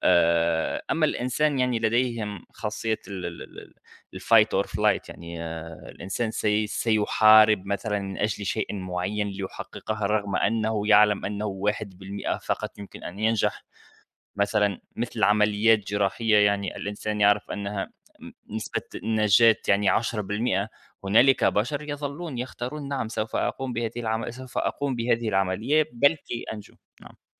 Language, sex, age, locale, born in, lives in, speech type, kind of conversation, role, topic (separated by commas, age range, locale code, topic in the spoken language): Arabic, male, 30-34, ar-TN, Tunisia, United States, conversation, unstructured, none, هل إنت شايف إن الابتكار بيسرّع فقدان الوظايف التقليدية؟